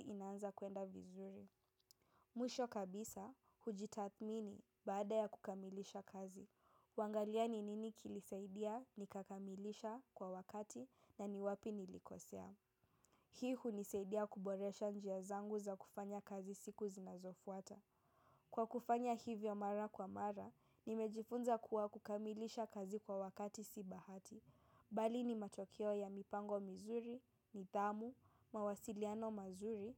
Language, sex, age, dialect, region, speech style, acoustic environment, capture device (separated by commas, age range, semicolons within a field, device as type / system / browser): Swahili, female, 18-24, Kenya - Nairobi Sheng-influenced, Kenya, relaxed, silence; appliances, mobile / macOS / Safari